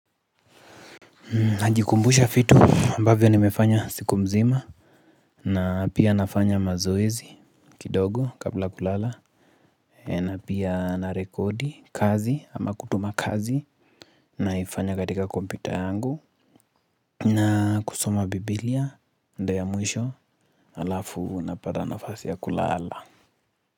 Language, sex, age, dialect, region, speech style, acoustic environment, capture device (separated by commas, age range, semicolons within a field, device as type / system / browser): Swahili, male, 25-34, Kenya - Nairobi Sheng-influenced, Kenya, focused; relaxed, nature, mobile / Linux / Chrome